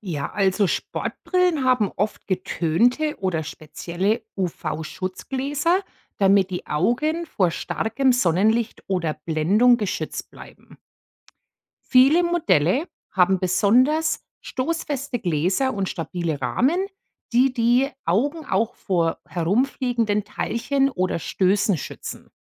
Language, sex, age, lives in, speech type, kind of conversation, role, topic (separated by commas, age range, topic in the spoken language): German, female, 45-49, United States, read, expert-assistant, expert, Wie funktioniert eine Brille?